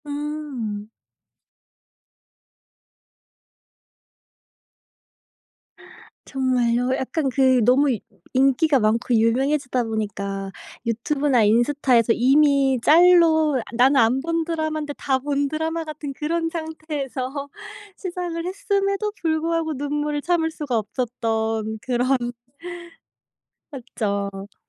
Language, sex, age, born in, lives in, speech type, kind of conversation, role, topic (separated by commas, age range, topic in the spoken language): Korean, female, 25-29, South Korea, United States, conversation, unstructured, none, 어떤 기준으로 TV 프로그램을 선택해 보시나요?